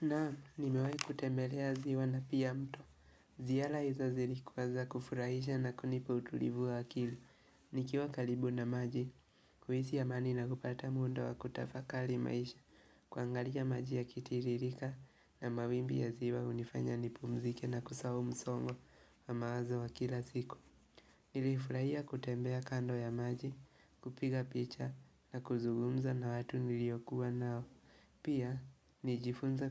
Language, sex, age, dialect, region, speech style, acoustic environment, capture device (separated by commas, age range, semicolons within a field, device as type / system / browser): Swahili, male, 18-24, Kenya - Nairobi Sheng-influenced, Kenya, relaxed; focused, silence, mobile / Linux / Chrome